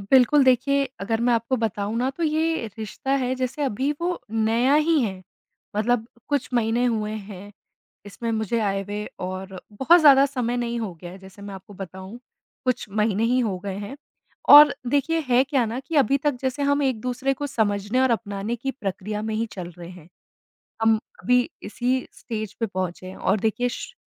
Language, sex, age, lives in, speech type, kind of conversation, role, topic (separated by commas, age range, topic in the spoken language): Hindi, female, 25-29, India, conversation, advice, user, नए रिश्ते में मुझे खुद पर भरोसा क्यों नहीं हो रहा है?